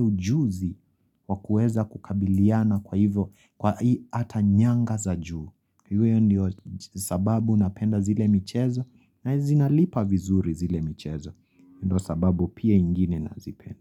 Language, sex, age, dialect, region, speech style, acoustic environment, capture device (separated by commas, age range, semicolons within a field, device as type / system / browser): Swahili, female, 25-34, Kenya - Nairobi Sheng-influenced, Kenya, happy; relaxed; excited; focused, silence, mobile / Linux / Chrome